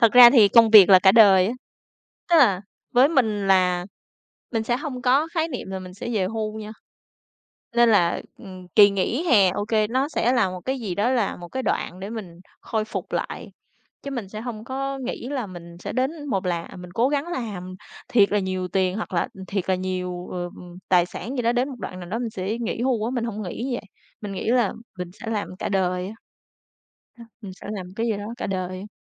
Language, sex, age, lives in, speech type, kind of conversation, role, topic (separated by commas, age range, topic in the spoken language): Vietnamese, female, 30-34, Vietnam, conversation, unstructured, none, Những kỳ nghỉ hè đã góp phần vào sự phát triển của bạn như thế nào?